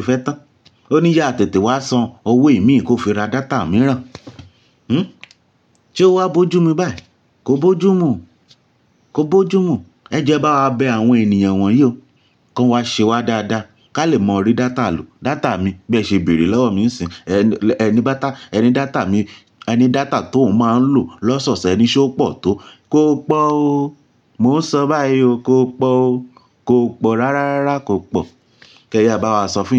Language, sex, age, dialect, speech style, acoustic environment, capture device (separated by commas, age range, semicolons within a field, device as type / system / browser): Yoruba, male, 25-34, Nigeria - Lagos Yoruba, stressed; tired, nature; appliances, mobile / Linux / Chrome